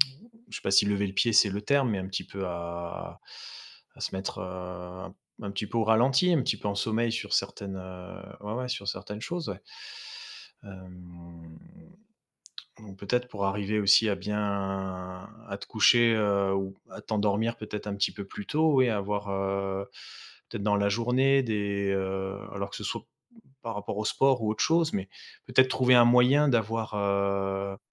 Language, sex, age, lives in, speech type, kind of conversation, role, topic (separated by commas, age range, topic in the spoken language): French, male, 35-39, France, conversation, advice, advisor, Comment puis-je améliorer ma routine de sommeil pour me réveiller plus énergique chaque matin ?